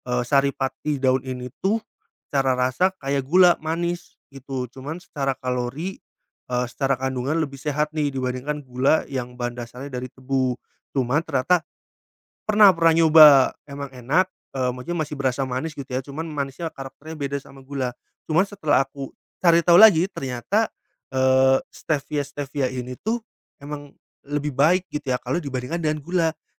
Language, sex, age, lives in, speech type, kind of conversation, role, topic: Indonesian, male, 30-34, Indonesia, conversation, podcast, guest, Gimana cara sederhana mengurangi gula tanpa stres?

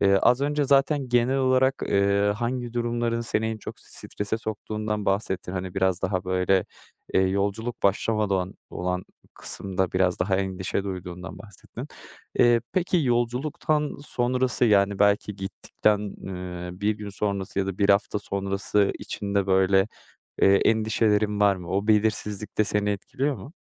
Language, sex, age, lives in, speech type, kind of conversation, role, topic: Turkish, male, 25-29, Netherlands, conversation, advice, advisor, Seyahat sırasında stresle başa çıkmayı ve zamanı daha iyi yönetmeyi nasıl sağlayabilirim?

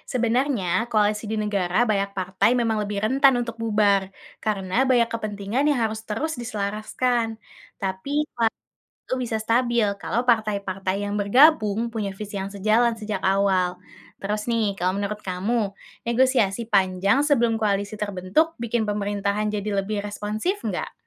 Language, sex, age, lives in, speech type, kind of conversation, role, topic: Indonesian, female, 20-24, Indonesia, read, expert-assistant, expert, Apa keuntungan dan kerugian jika negara memakai dua partai besar dibanding banyak partai dalam politiknya?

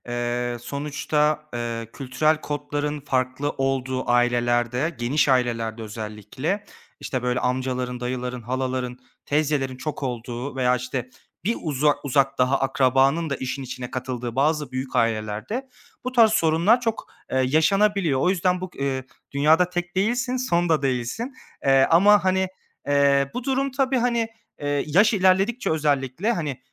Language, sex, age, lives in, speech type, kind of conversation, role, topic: Turkish, male, 25-29, Germany, conversation, advice, advisor, Aile ve kültür baskısı ile kendi seçimlerim arasında nasıl denge kurabilirim?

